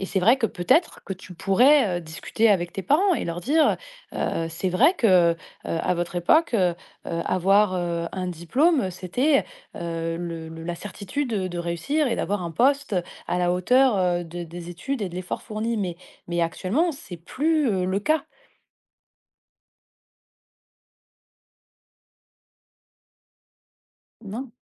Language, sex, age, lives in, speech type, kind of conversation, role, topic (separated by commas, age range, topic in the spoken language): French, female, 30-34, France, conversation, advice, advisor, Comment gérer ma peur de décevoir mes parents à cause d’un choix de vie ?